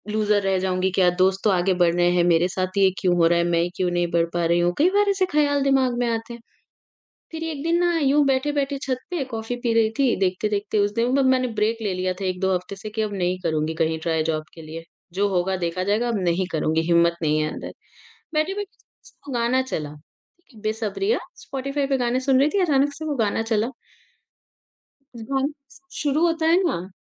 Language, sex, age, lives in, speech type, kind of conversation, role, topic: Hindi, female, 40-44, India, conversation, podcast, guest, Kaunsa gaana sunke tumhe umeed milti hai?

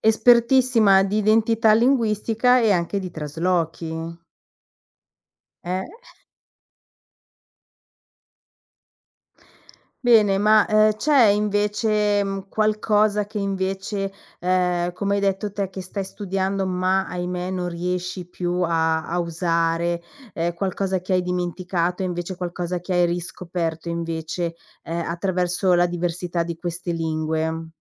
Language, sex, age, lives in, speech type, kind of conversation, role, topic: Italian, female, 45-49, Italy, conversation, podcast, host, Quanto conta la lingua nella tua identità, secondo te?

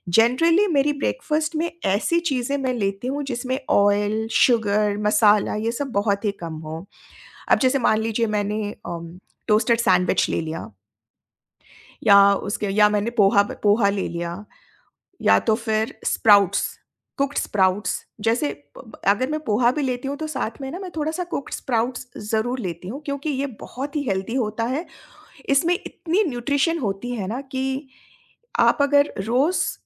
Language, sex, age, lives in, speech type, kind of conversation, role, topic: Hindi, female, 45-49, India, conversation, podcast, guest, Aapka subah ka khaane ka niyam kaisa hota hai?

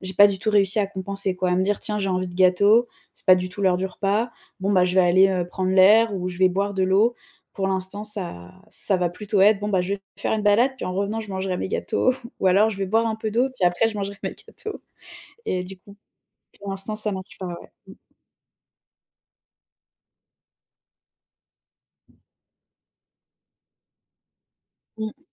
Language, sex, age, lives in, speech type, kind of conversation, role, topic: French, female, 25-29, France, conversation, advice, user, Je mange plus quand je suis stressé : comment contrôler mes envies et réduire ma consommation de caféine ?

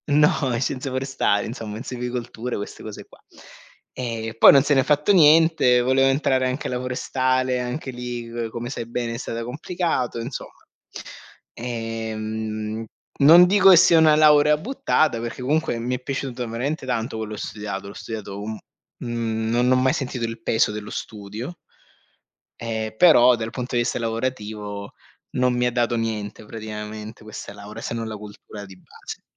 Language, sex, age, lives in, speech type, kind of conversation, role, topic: Italian, male, 40-44, Germany, conversation, unstructured, none, Perché è importante proteggere le foreste?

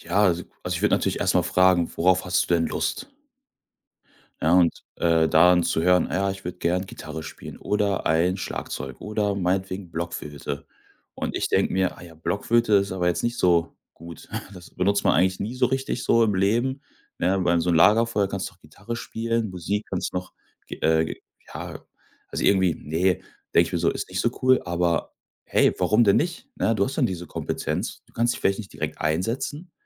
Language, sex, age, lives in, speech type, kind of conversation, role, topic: German, male, 25-29, Germany, conversation, podcast, guest, Was bedeutet beruflicher Erfolg für dich eigentlich?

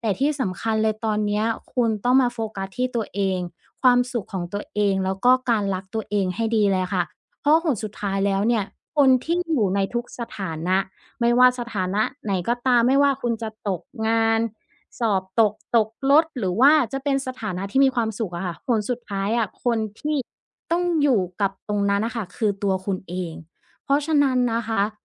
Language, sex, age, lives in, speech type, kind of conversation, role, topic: Thai, female, 30-34, Thailand, conversation, advice, advisor, ฉันจะฟื้นฟูความมั่นใจและคุณค่าในตัวเองหลังเลิกความสัมพันธ์ได้อย่างไร?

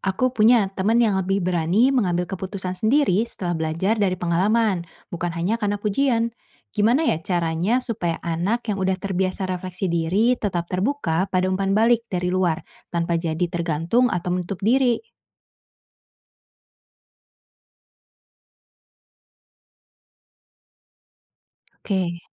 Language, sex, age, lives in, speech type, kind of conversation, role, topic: Indonesian, female, 25-29, Indonesia, read, expert-assistant, asker, Bagaimana jika manusia tidak pernah diberi hadiah atau hukuman sejak kecil—apakah perilaku kita akan berkembang sangat berbeda?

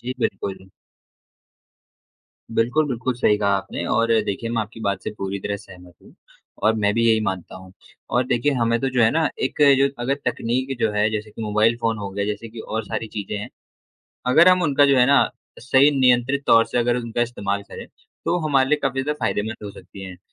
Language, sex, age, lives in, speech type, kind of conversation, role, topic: Hindi, male, 20-24, India, conversation, unstructured, none, नए तकनीकी आविष्कारों ने हमारी ज़िंदगी कैसे बदल दी है?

